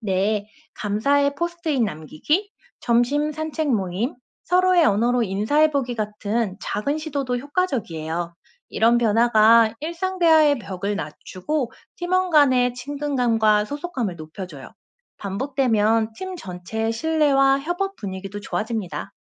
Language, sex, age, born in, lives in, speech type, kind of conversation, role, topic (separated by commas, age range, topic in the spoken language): Korean, female, 35-39, South Korea, South Korea, read, expert-assistant, expert, 일상에서 문화 차이로 생기는 오해를 줄이려면 어떻게 하면 좋을까요?